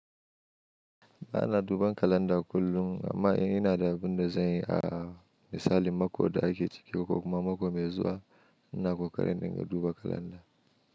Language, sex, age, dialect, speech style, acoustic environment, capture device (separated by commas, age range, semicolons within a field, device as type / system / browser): Hausa, male, 25-34, Nigeria - Nigerian Standard, anxious; happy, silence; nature, mobile / Linux / Chrome